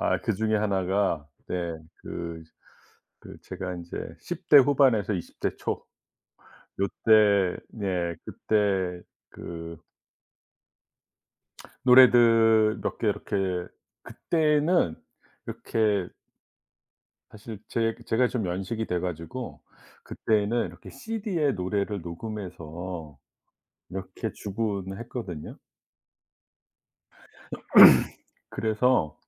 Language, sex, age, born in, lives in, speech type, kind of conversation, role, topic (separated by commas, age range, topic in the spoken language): Korean, male, 55-59, South Korea, United States, conversation, podcast, guest, 오래된 노래를 다시 듣고 새롭게 빠져든 적이 있으신가요?